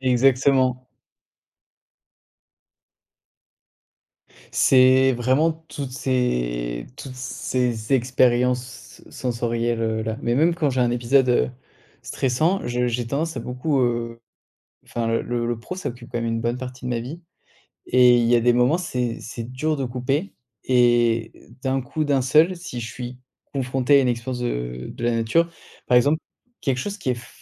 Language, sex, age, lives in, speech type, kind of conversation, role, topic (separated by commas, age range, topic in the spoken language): French, male, 30-34, France, conversation, podcast, guest, Comment la nature te réconforte-t-elle quand tu es stressé ?